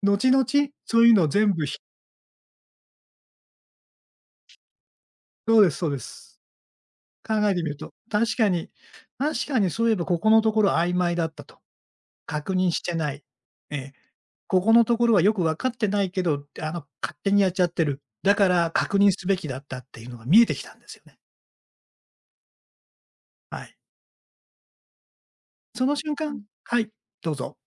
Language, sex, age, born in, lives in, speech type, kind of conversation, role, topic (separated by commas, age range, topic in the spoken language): Japanese, male, 60-64, Japan, Japan, conversation, podcast, guest, 失敗したときに、恥ずかしいと感じることはありますか？